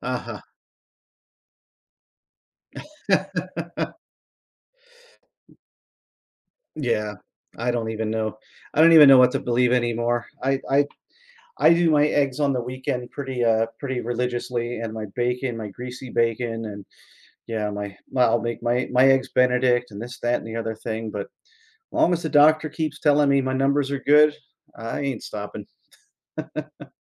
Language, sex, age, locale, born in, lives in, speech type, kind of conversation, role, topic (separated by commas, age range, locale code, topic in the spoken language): English, male, 50-54, en-US, United States, United States, conversation, podcast, guest, How do you find effective ways to manage stress in your daily life?